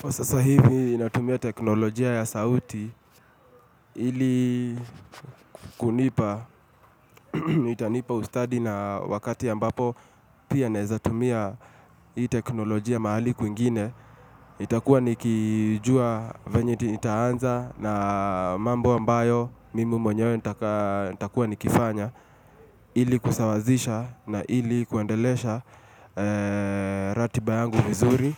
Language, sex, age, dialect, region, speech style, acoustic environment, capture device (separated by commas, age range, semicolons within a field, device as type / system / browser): Swahili, male, 25-34, Kenya - Nairobi Sheng-influenced, Kenya, relaxed, appliances, mobile / Linux / Chrome